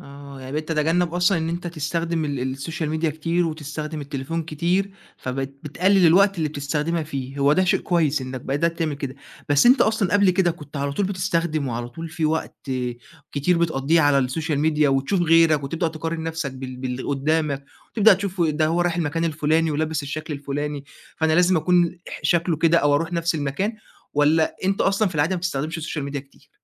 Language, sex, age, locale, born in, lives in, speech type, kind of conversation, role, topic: Arabic, male, 20-24, ar-EG, Egypt, Egypt, conversation, advice, advisor, إزاي بتوصّف إحساسك بالتعب من إنك تفضل محافظ/ة على صورة مبسوطة على السوشيال ميديا؟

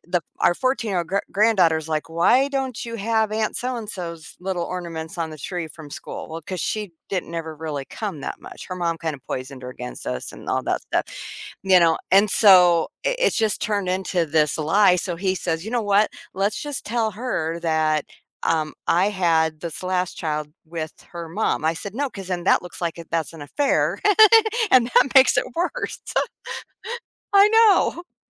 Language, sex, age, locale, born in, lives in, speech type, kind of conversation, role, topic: English, female, 55-59, en-US, United States, United States, conversation, unstructured, none, How do I adjust when a childhood belief about me is wrong?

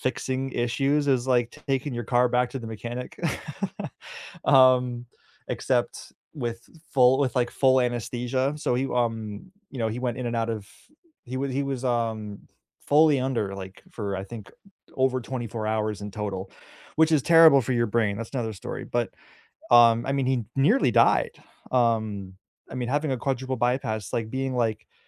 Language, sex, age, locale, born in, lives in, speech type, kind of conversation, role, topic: English, male, 30-34, en-US, United States, United States, conversation, unstructured, none, What role does talking about loss play in healing?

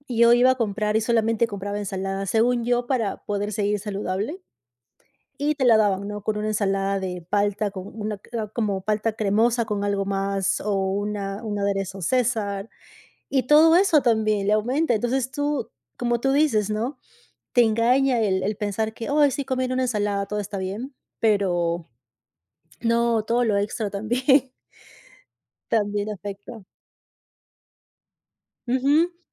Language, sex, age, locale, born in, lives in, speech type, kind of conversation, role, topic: Spanish, female, 35-39, es-PE, Peru, United States, conversation, unstructured, none, ¿Qué es lo que más te molesta de las cadenas de comida rápida?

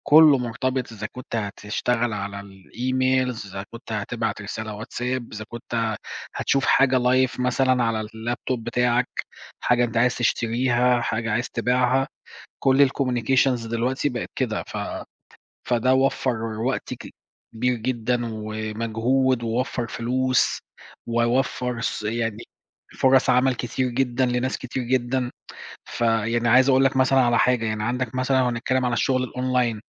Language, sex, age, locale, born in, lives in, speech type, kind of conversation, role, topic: Arabic, male, 40-44, ar-EG, Egypt, Portugal, conversation, unstructured, none, إزاي أثرت الثورة العلمية على حياتنا اليومية؟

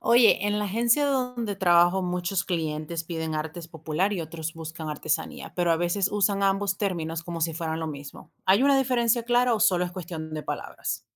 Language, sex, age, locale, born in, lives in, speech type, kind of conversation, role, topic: Spanish, female, 35-39, es-VE, Venezuela, United States, read, expert-assistant, asker, ¿Qué diferencia existe realmente entre el arte popular y la artesanía? Siempre escucho ambos términos, pero no sé si significan lo mismo o hay matices importantes.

